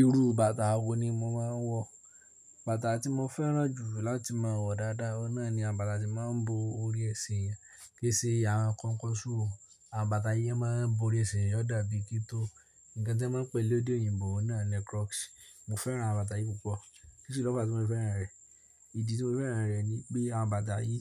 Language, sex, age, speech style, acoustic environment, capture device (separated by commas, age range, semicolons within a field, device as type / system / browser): Yoruba, male, 18-24, tired, people talking; appliances, mobile / Linux / Chrome